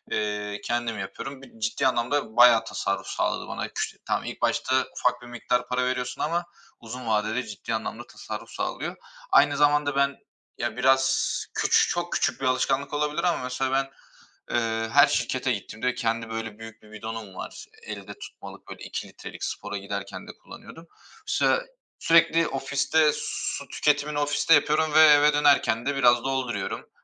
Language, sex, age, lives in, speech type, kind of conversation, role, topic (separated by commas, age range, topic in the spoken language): Turkish, male, 25-29, Bulgaria, conversation, unstructured, none, Para biriktirmek için motivasyonunu nereden buluyorsun?